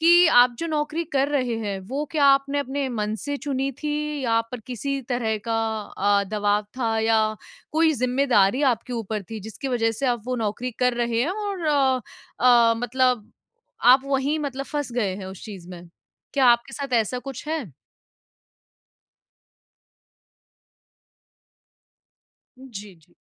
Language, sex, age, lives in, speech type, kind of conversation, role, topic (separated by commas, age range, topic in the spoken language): Hindi, female, 25-29, India, conversation, advice, advisor, मैं रोज़ की अनिश्चितता के बीच मानसिक शांति कैसे पा सकता हूँ?